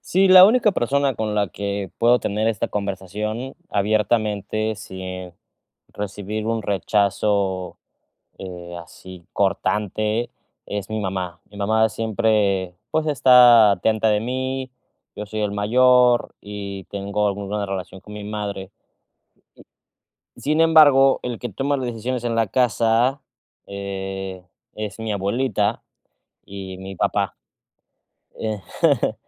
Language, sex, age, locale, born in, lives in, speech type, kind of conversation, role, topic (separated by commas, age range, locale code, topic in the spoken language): Spanish, male, 30-34, es-MX, Mexico, Mexico, conversation, advice, user, ¿Cómo puedo conciliar las tradiciones familiares con mis decisiones personales?